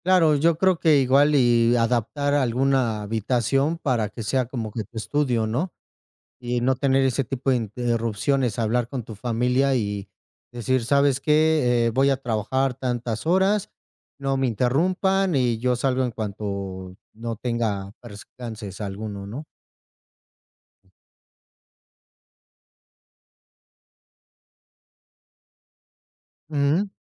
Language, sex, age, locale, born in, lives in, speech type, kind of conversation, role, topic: Spanish, male, 35-39, es-MX, Mexico, Mexico, conversation, unstructured, none, ¿Qué opinas sobre el teletrabajo y su futuro?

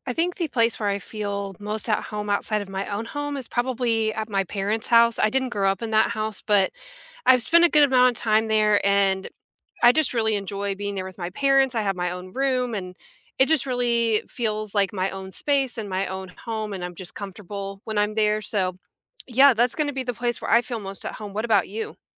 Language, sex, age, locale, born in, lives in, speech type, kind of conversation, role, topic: English, female, 30-34, en-US, United States, United States, conversation, unstructured, none, Where outside your home do you feel most at home, and what makes it feel like yours?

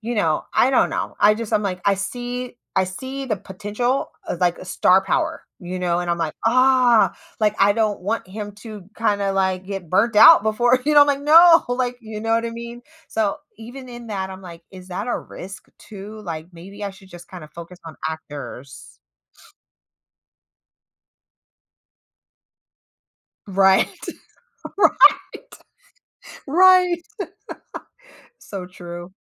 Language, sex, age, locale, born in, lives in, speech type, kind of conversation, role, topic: English, female, 35-39, en-US, United States, United States, conversation, advice, user, How can I reduce work-life stress?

